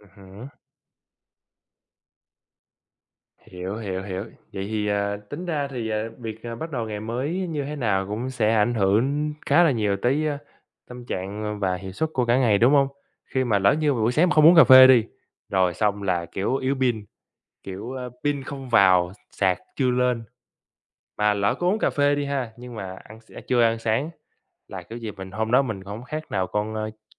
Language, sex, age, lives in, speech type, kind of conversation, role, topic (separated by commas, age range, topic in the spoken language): Vietnamese, male, 25-29, United States, conversation, unstructured, none, Bạn thường làm gì vào buổi sáng để bắt đầu một ngày mới thật vui vẻ?